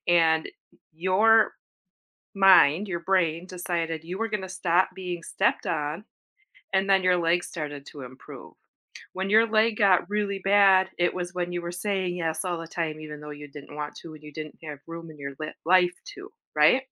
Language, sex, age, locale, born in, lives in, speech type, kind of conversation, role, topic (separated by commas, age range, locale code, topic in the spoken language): English, female, 45-49, en-US, United States, United States, conversation, advice, advisor, How can I say no without guilt?